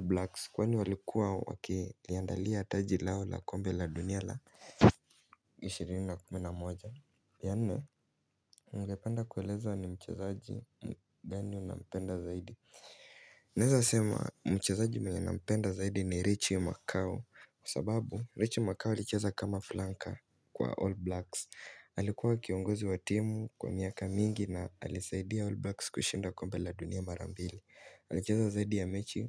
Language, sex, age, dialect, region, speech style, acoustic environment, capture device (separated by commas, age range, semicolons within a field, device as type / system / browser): Swahili, male, 18-24, Kenya - Mombasa, Kenya, anxious, silence, mobile / Linux / Chrome